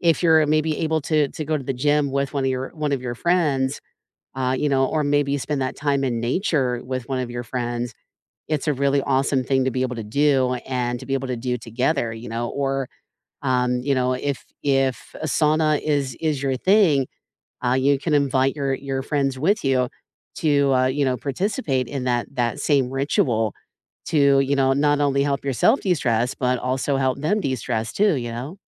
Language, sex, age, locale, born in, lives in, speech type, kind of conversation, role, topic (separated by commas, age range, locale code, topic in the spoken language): English, female, 45-49, en-US, United States, United States, conversation, unstructured, none, What are your go-to ways to unwind after a long, stressful day, and how can we share and support each other’s routines?